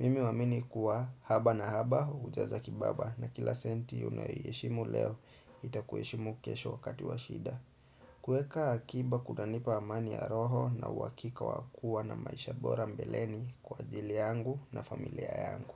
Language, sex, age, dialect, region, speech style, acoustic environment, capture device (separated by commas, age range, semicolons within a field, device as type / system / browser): Swahili, male, 25-34, Kenya - Nairobi Sheng-influenced, Kenya, relaxed; focused, silence, desktop / Windows / Chrome